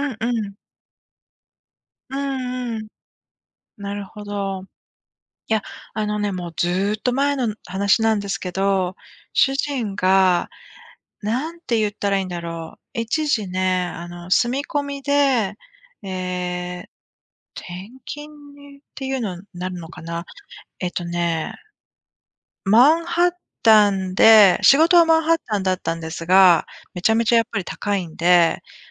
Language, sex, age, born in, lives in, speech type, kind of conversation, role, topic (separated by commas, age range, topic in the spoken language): Japanese, female, 45-49, Japan, United States, conversation, unstructured, none, 旅行に行くとき、何を一番楽しみにしていますか？